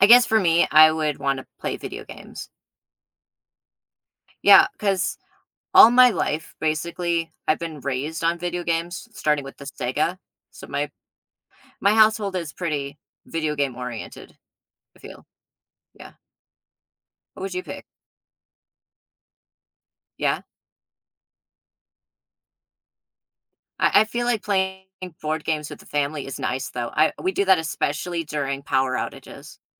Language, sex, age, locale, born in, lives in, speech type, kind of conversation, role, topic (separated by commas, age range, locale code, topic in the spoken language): English, male, 30-34, en-US, United States, United States, conversation, unstructured, none, Would you rather play video games or board games?